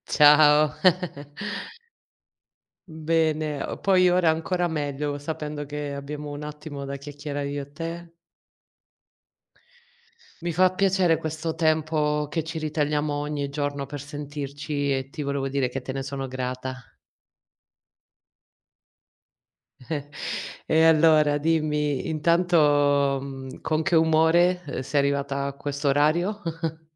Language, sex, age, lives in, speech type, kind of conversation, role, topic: Italian, female, 40-44, Italy, conversation, unstructured, none, Quale esperienza ti ha cambiato completamente il modo di vedere la vita?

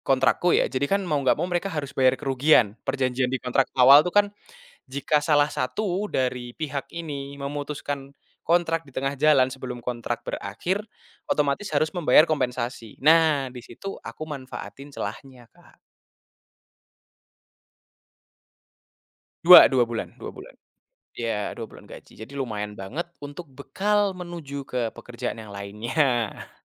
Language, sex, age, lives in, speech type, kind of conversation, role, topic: Indonesian, male, 20-24, Indonesia, conversation, podcast, guest, Apa keputusan terbesar yang pernah kamu ambil terkait pekerjaan?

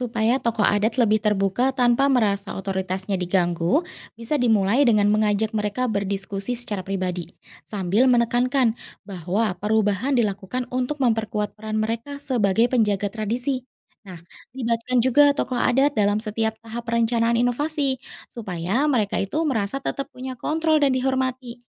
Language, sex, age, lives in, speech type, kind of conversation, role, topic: Indonesian, female, 30-34, Indonesia, read, expert-assistant, expert, Dalam kehidupan sehari-hari, bagaimana tradisi lokal bisa berkembang mengikuti perubahan zaman tanpa kehilangan makna aslinya?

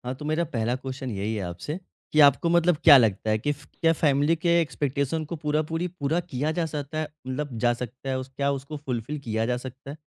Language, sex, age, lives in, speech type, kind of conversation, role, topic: Hindi, male, 25-29, India, conversation, podcast, host, Family expectations aur mental health ko kaise balance karein?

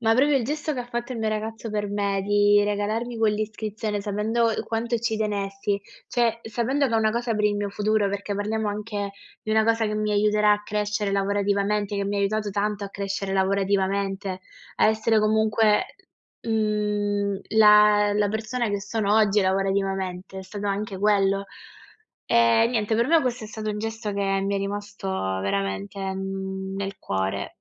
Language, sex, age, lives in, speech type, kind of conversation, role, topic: Italian, female, 20-24, Italy, conversation, unstructured, none, Quali sono i piccoli gesti che rendono la vita più bella?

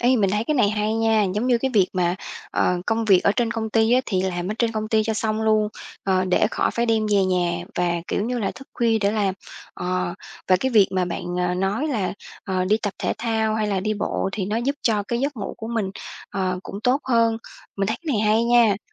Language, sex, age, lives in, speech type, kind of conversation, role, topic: Vietnamese, female, 30-34, Vietnam, conversation, advice, user, Thói quen thức khuya ảnh hưởng như thế nào đến năng suất ban ngày của bạn?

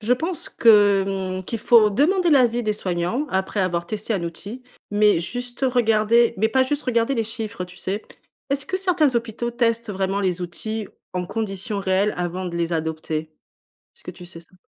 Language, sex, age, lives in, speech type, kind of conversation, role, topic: French, female, 35-39, Portugal, read, expert-assistant, asker, Plus de temps et de technologie améliorent-ils vraiment le quotidien des soignants à l’hôpital ?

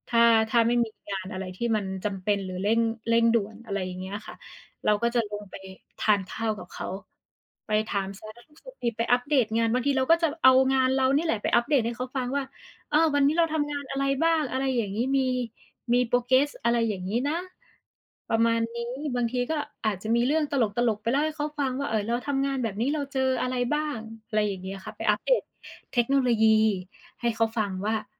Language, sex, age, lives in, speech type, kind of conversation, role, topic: Thai, female, 30-34, Thailand, conversation, unstructured, none, คุณคิดว่าการใช้เวลาดีๆ ร่วมกับครอบครัวสำคัญแค่ไหน?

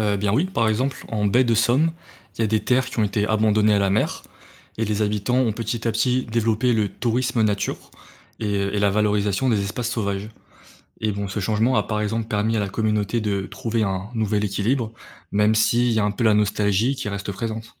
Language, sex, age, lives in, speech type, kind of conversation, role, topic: French, male, 20-24, France, read, expert-assistant, expert, Quelles solutions peut-on envisager pour limiter l’érosion du littoral en France, face à la montée du niveau de la mer ?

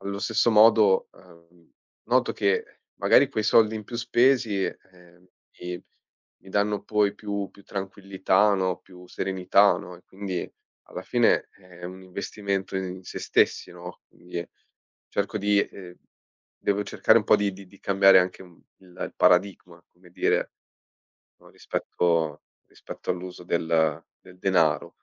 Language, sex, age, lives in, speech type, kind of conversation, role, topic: Italian, male, 25-29, Italy, conversation, unstructured, none, Cosa ti rende felice quando pensi al tuo futuro?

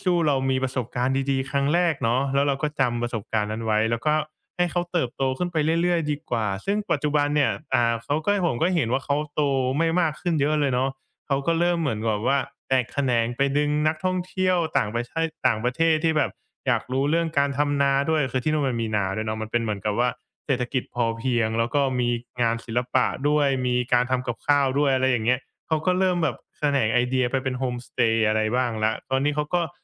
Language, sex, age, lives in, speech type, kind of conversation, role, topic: Thai, male, 25-29, Thailand, conversation, podcast, guest, คุณช่วยเล่าให้ฟังหน่อยได้ไหมว่าคุณค้นพบสถานที่ที่แทบไม่มีใครพูดถึงได้อย่างไร?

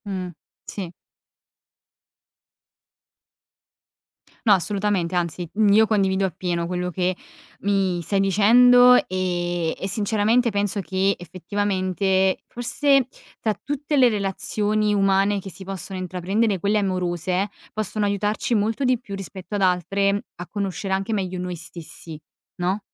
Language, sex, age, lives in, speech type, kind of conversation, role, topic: Italian, female, 20-24, Italy, conversation, podcast, host, Come fai a bilanciare la crescita personale con la vita quotidiana?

